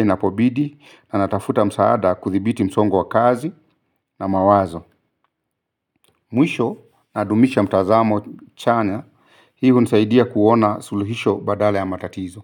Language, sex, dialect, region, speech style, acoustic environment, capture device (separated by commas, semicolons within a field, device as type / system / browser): Swahili, male, Kenya - Nairobi Sheng-influenced, Kenya, anxious; relaxed, silence, mobile / Linux / Chrome